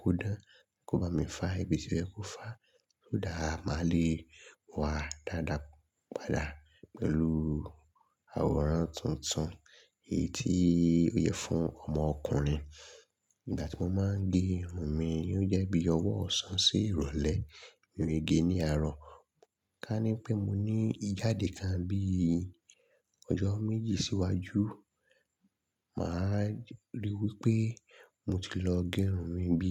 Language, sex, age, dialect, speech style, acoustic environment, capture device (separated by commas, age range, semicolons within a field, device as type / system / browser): Yoruba, male, 25-34, Nigeria - Lagos Yoruba, nervous; excited; focused; relaxed, silence; people talking; nature; traffic, mobile / Linux / Chrome